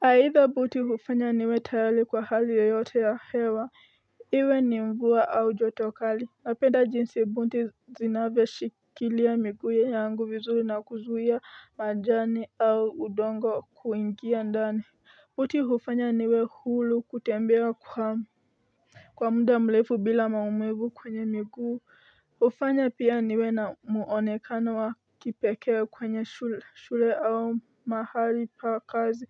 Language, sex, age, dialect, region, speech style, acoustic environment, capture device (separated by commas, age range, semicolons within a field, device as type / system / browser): Swahili, female, 18-24, Kenya - Nairobi Sheng-influenced, Kenya, focused, nature, mobile / Linux / Chrome